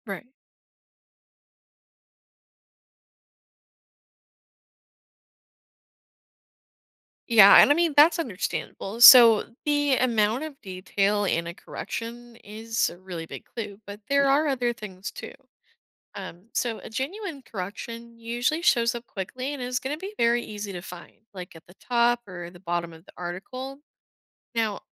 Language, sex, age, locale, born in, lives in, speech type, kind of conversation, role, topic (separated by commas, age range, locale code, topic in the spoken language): English, female, 20-24, en-US, United States, United States, read, expert-assistant, expert, What is journalistic integrity and why is it important?